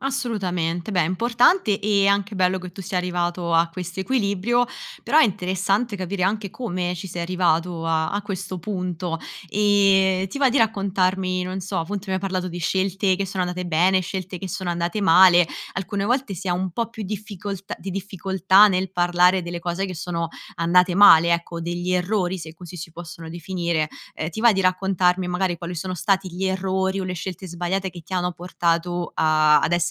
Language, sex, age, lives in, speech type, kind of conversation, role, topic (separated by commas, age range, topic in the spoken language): Italian, female, 25-29, Italy, conversation, podcast, host, Come puoi mantenere confini sani tra lavoro e vita privata?